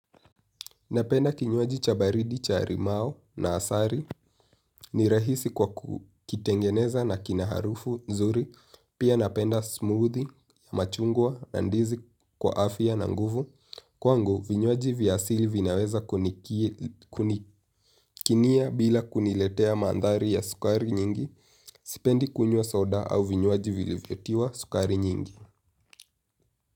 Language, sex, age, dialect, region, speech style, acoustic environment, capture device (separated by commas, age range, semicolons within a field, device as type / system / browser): Swahili, male, 18-24, Kenya - Nairobi Sheng-influenced, Kenya, relaxed; happy; focused, nature; silence, mobile / Linux / Chrome